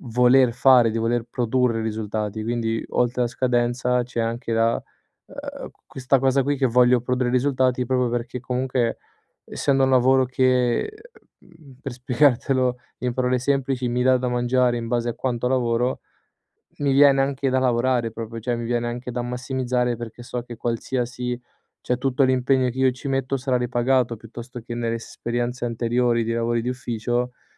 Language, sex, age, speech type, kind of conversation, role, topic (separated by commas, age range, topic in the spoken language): Italian, male, 25-29, conversation, advice, user, Come posso usare i vincoli di tempo per essere più creativo?